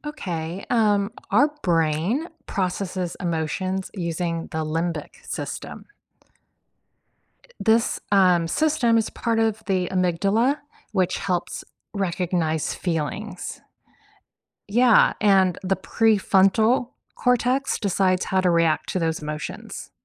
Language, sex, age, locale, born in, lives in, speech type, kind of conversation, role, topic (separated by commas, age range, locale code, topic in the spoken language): English, female, 55-59, en-US, United States, United States, read, expert-assistant, expert, How does the brain process emotions?